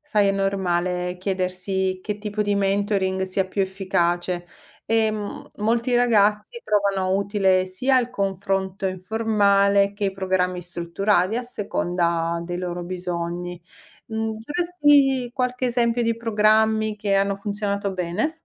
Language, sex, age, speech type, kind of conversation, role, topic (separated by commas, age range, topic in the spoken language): Italian, female, 45-49, read, expert-assistant, expert, Come potrebbero cambiare le opportunità di lavoro se investissimo di più nell’istruzione nei paesi in crescita?